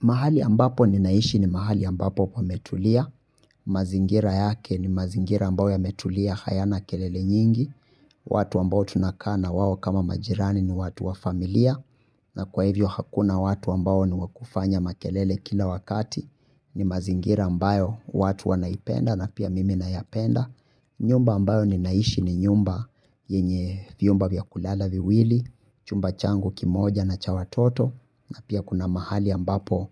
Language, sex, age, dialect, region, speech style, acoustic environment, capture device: Swahili, male, 25-34, Kenya - Nairobi Sheng-influenced, Kenya, happy, music, mobile / Linux / Chrome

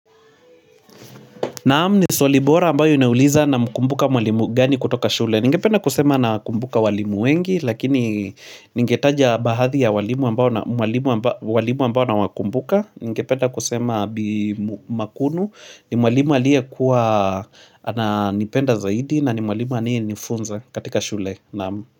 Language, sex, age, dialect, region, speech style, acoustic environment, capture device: Swahili, male, 25-34, Kenya - Mombasa, Kenya, happy, music, mobile / Linux / Chrome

